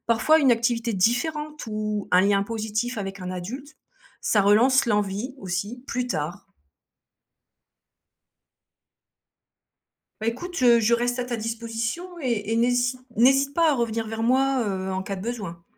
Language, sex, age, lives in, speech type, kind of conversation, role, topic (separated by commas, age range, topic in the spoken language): French, female, 50-54, France, read, expert-assistant, expert, Comment éviter que certains élèves décrochent du système scolaire, et quelles solutions marchent vraiment ?